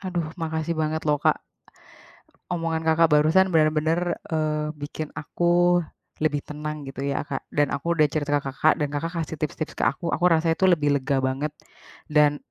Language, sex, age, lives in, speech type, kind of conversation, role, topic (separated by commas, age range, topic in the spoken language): Indonesian, female, 30-34, Indonesia, conversation, advice, user, Bagaimana pikiran yang berulang membuat Anda sulit tidur?